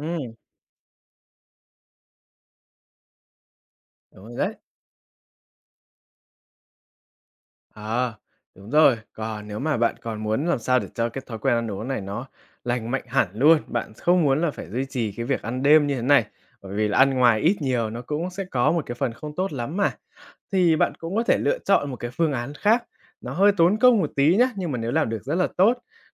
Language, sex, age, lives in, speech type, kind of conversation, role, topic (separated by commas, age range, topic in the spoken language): Vietnamese, male, 20-24, Vietnam, conversation, advice, advisor, Ăn tối muộn có đang làm gián đoạn giấc ngủ và ảnh hưởng đến sức khỏe của bạn không?